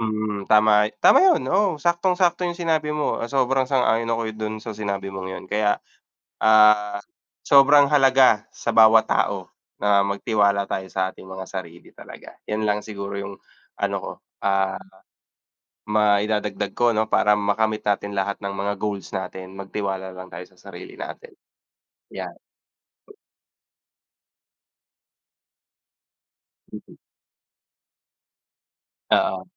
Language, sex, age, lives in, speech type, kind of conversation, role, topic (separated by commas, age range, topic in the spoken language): Filipino, male, 30-34, Philippines, conversation, unstructured, none, Ano ang pananaw mo sa kahalagahan ng pagtitiwala sa sarili?